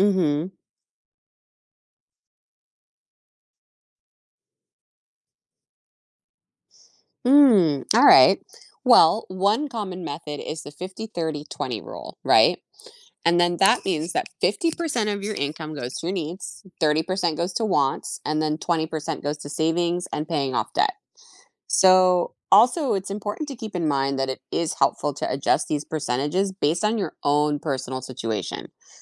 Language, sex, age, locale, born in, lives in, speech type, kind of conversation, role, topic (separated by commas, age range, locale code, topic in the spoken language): English, female, 40-44, en-US, United States, United States, read, expert-assistant, expert, What is the importance of financial literacy?